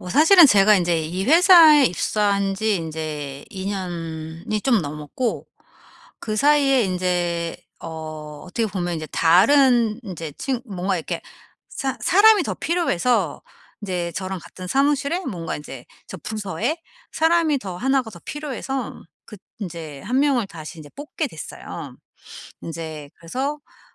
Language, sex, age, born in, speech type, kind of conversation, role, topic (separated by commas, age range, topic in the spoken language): Korean, female, 45-49, South Korea, conversation, advice, user, 다른 사람과 비교하다가 동기가 떨어질 때는 어떻게 하면 좋을까요?